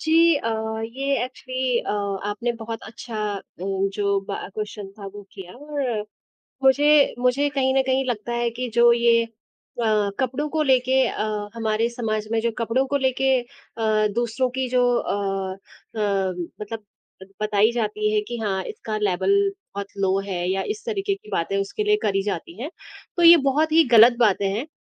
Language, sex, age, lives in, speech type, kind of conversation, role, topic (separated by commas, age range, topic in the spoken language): Hindi, female, 25-29, India, conversation, unstructured, none, क्या किसी व्यक्ति की पहचान केवल उसके कपड़ों के आधार पर आँकना सही है?